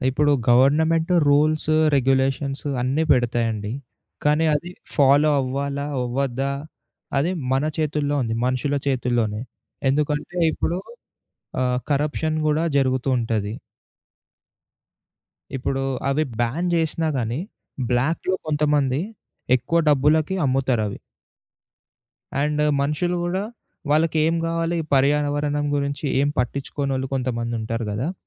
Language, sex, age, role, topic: Telugu, male, 20-24, guest, పండుగల సమయంలో పర్యావరణాన్ని దృష్టిలో పెట్టుకుని ఎలా జరుపుకోవాలి?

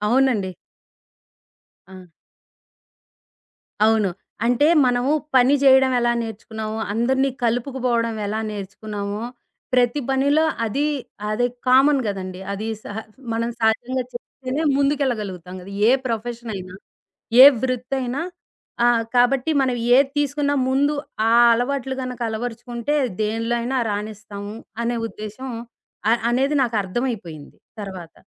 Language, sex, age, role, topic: Telugu, female, 40-44, guest, మీ పని మీ వ్యక్తిత్వాన్ని ఎలా నిర్వచిస్తుంది?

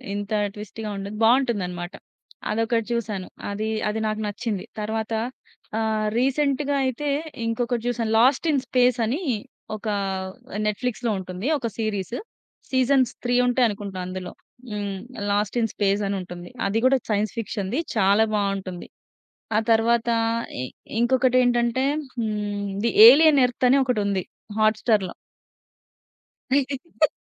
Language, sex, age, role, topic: Telugu, female, 30-34, guest, సీరియల్స్‌కి బదులుగా వెబ్ సిరీస్‌లు చూడటం మీకు ఎలా అనిపిస్తుంది?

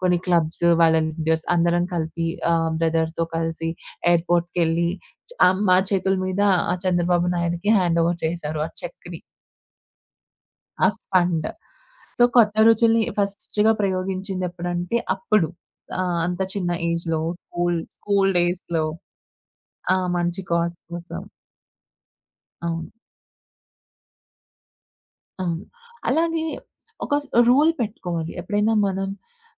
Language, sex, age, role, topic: Telugu, female, 25-29, guest, కొత్త రుచుల కోసం వంటల్లో ప్రయోగాలు చేయడం గురించి మీ మనసులో ఏముంది?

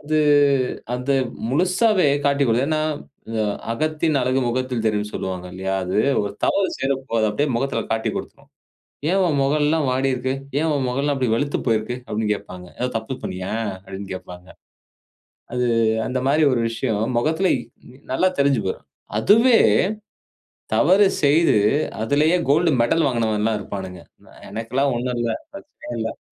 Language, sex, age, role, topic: Tamil, male, 35-39, guest, கவனமாகக் கேட்பதைக் காட்டும் உடல்மொழியை எப்படிக் கண்டறிவது?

